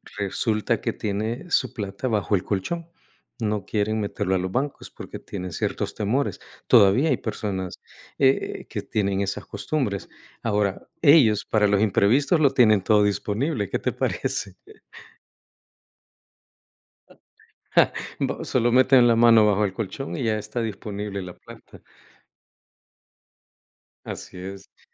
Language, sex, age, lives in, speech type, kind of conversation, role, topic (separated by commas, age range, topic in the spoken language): Spanish, male, 55-59, United States, conversation, unstructured, none, ¿Cómo manejas tu presupuesto mensual?